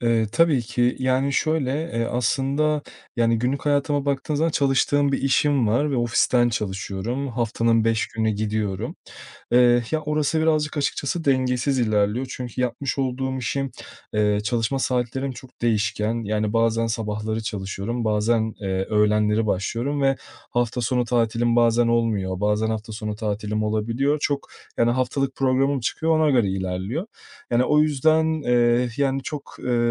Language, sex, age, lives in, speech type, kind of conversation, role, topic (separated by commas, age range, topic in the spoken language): Turkish, male, 30-34, Portugal, conversation, advice, user, Yeni bir şehre taşındım, aidiyet hissedemiyorum ve çok yalnızım; ne yapabilirim?